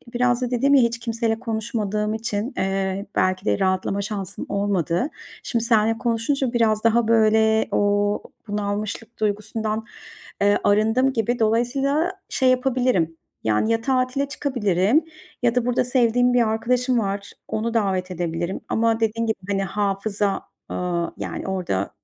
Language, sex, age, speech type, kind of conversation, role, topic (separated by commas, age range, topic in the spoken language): Turkish, female, 40-44, conversation, advice, user, Yeni bir ilişkide kendime iyi bakarken sağlıklı sınırlarımı nasıl belirleyip koruyabilirim?